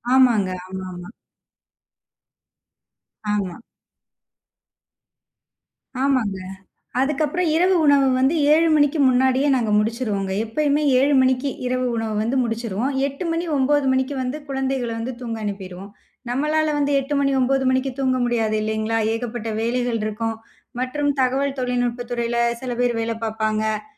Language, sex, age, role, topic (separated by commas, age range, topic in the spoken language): Tamil, female, 30-34, guest, பிள்ளைகளுக்கு குடும்ப வரலாற்றைச் சொல்ல நீங்கள் எந்த வழியைப் பயன்படுத்துகிறீர்கள்?